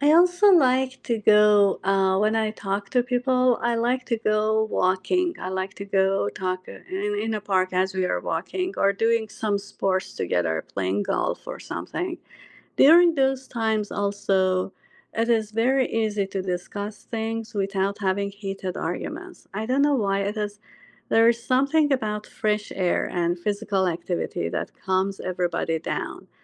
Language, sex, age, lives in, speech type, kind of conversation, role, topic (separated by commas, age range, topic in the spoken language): English, female, 45-49, United States, conversation, unstructured, none, How do you maintain respect and empathy during heated disagreements to stay connected?